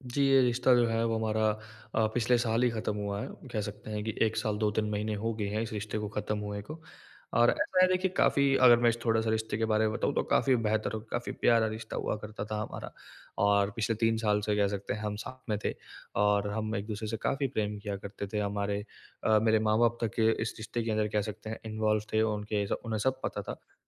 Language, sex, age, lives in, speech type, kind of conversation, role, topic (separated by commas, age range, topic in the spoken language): Hindi, male, 20-24, India, conversation, advice, user, टूटे रिश्ते के बाद मैं भावनात्मक चोट से उबरकर आगे कैसे बढ़ूँ?